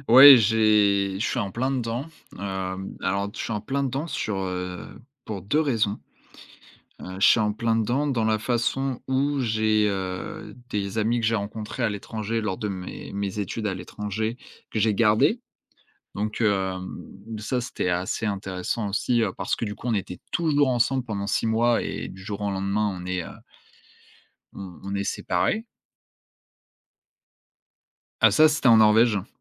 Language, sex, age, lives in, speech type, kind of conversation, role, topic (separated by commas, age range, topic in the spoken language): French, male, 20-24, France, conversation, podcast, guest, Comment entretenir des amitiés à distance ?